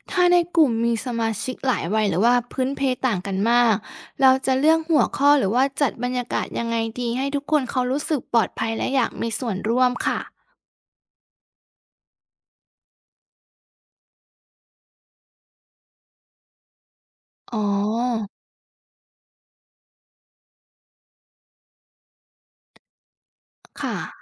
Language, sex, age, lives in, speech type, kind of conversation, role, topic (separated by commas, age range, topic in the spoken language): Thai, female, 30-34, Thailand, read, expert-assistant, asker, จะออกแบบประสบการณ์ใหม่ๆ บนสื่อดิจิทัลอย่างไร ให้คนรู้สึกใกล้ชิดกันมากขึ้นในสังคมออนไลน์?